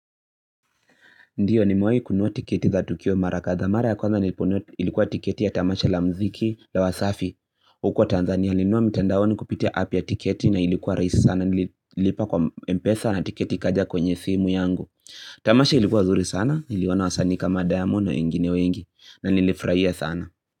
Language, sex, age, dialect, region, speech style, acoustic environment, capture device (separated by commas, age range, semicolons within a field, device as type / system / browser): Swahili, male, 18-24, Kenya - Nairobi Sheng-influenced, Kenya, happy; focused, silence, mobile / Linux / Chrome